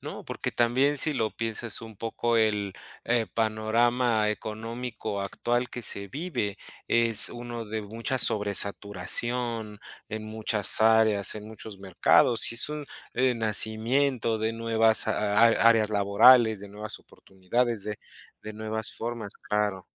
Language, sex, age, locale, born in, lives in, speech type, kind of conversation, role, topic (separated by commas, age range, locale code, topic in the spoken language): Spanish, male, 35-39, es-MX, Mexico, Mexico, conversation, unstructured, none, ¿Cómo eliges tu carrera profesional?